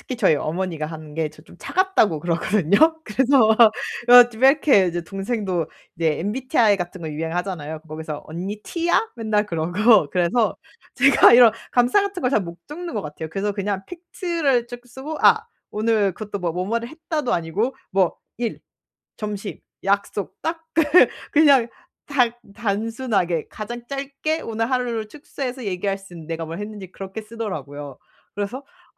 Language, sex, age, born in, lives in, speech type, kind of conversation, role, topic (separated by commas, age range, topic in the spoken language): Korean, female, 25-29, South Korea, Germany, conversation, advice, user, 매일 창작 과정을 꾸준히 기록하는 습관을 어떻게 만들 수 있을까요?